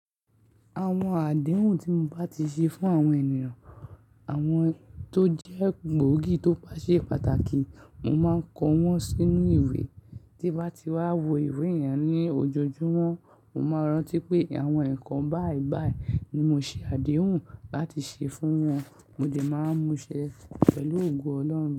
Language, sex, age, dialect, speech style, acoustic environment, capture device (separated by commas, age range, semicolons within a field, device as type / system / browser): Yoruba, male, 18-24, Nigeria - Lagos Yoruba, relaxed; focused; happy, people talking; nature, mobile / Linux / Chrome